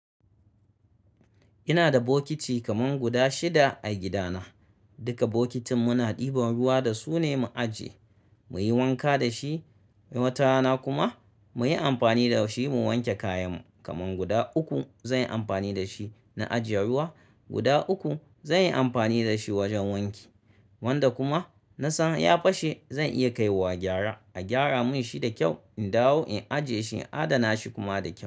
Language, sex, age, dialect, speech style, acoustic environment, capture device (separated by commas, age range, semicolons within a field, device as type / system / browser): Hausa, male, 35-44, Nigeria - Kano, relaxed, nature, mobile / Linux / Chrome